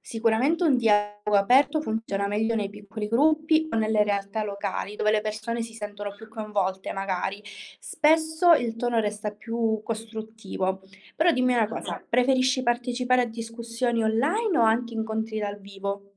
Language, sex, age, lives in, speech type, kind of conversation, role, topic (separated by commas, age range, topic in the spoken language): Italian, female, 20-24, Italy, read, expert-assistant, expert, Come possiamo capire se le informazioni che leggiamo sui progetti di assistenza sociale sono davvero affidabili?